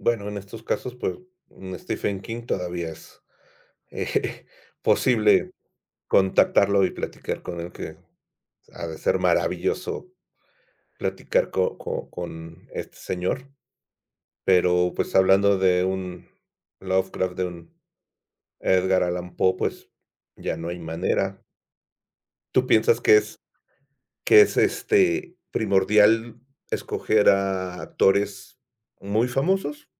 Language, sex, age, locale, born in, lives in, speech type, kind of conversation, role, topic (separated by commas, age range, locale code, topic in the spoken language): Spanish, male, 50-54, es-MX, Mexico, Mexico, conversation, podcast, host, ¿Por qué importa la representación en las películas y las series?